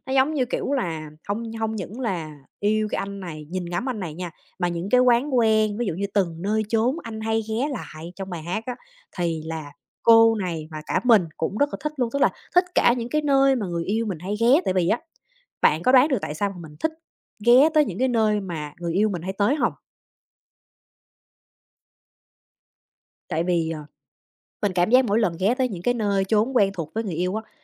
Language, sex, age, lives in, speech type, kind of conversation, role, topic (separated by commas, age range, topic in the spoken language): Vietnamese, female, 30-34, United States, conversation, podcast, guest, Bạn sẽ chọn bài hát nào để mô tả bản thân?